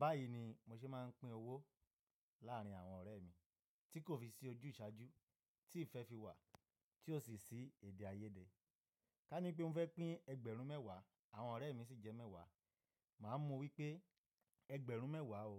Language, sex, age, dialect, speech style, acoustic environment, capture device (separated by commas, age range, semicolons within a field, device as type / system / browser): Yoruba, male, 25-34, Nigeria - Nigerian Standard, happy, silence; nature, mobile / Linux / Chrome